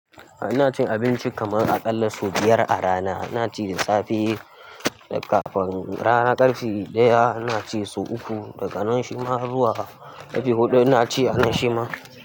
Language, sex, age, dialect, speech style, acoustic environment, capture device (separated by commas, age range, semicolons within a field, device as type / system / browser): Hausa, male, 18-24, Nigeria - Kano, happy, construction, mobile / Linux / Chrome